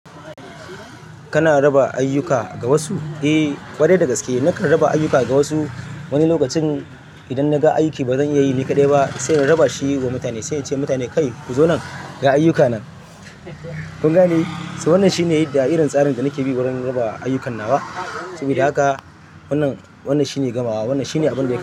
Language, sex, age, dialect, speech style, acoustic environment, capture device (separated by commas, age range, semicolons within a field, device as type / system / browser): Hausa, male, 25-34, Nigeria - Kano, relaxed, traffic; silence, desktop / Linux / Chrome